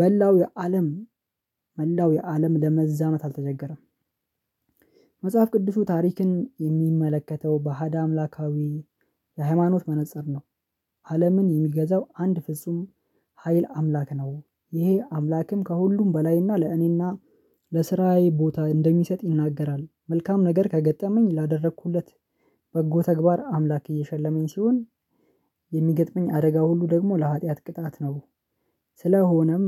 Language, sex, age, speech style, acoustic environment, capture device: Amharic, male, 25-34, happy; relaxed, silence, mobile / Linux / Chrome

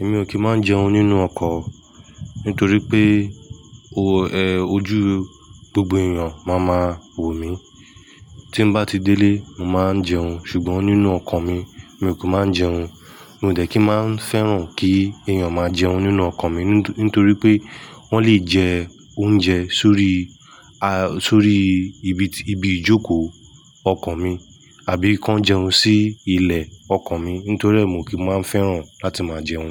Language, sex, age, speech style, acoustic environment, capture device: Yoruba, male, 25-34, happy, silence, mobile / Linux / Chrome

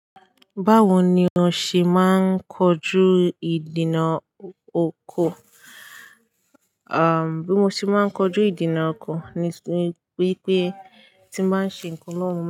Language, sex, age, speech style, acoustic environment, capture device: Yoruba, female, 18-24, happy, people talking, desktop / Linux / Chrome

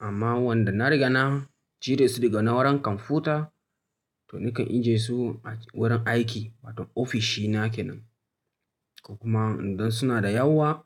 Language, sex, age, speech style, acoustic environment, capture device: Hausa, male, 25-34, tired; focused; relaxed, silence, mobile / Linux / Chrome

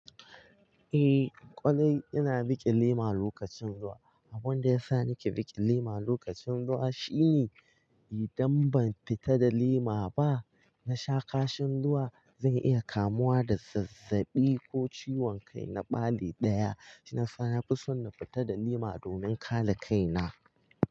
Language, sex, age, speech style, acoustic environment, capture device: Hausa, male, 18-24, focused; relaxed, silence, mobile / Linux / Chrome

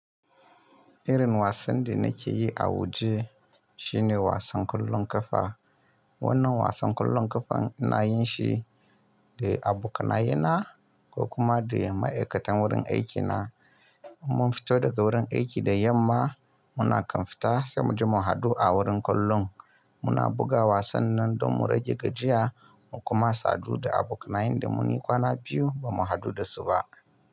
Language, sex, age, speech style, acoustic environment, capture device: Hausa, male, 25-34, happy; relaxed, silence; nature, mobile / Linux / Chrome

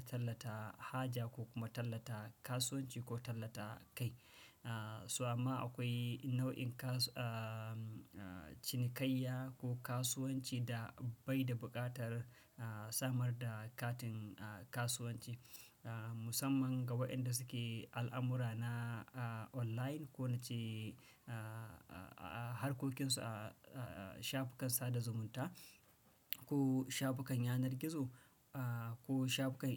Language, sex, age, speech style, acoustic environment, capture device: Hausa, male, 35-44, anxious; tired; relaxed; happy; nervous; excited; focused, silence; nature; appliances; people talking, desktop / Windows / Chrome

